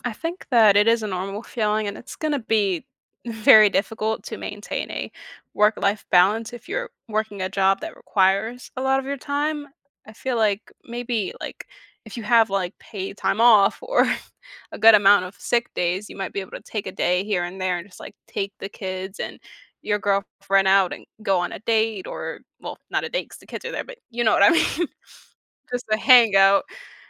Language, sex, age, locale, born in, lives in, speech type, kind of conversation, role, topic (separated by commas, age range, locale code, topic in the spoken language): English, female, 20-24, en-US, United States, United States, conversation, advice, advisor, How can I stop feeling guilty and connect more with my family despite a busy schedule?